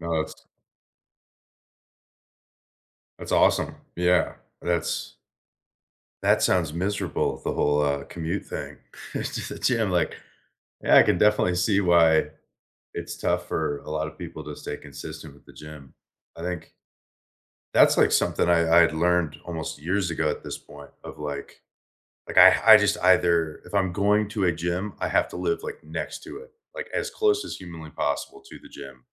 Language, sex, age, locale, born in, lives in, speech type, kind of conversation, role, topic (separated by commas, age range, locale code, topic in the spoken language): English, male, 25-29, en-US, United States, United States, conversation, unstructured, none, What recent win—big or small—are you most proud of, and why?